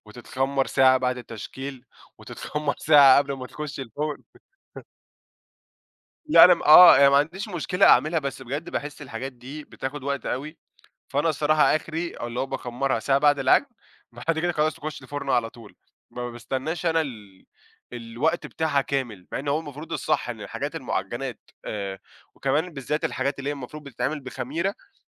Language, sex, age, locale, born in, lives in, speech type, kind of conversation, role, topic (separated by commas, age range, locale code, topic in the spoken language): Arabic, male, 20-24, ar-EG, Egypt, Egypt, conversation, podcast, guest, إيه أسرع وجبة بتعملها وإنت مستعجل؟